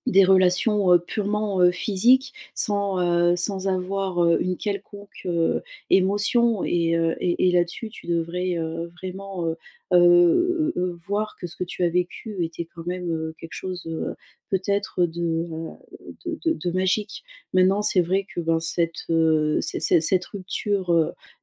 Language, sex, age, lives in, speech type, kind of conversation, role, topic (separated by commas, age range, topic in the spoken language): French, female, 35-39, France, conversation, advice, advisor, Comment surmonter la douleur d’une rupture récente quand on n’arrive plus à dormir ?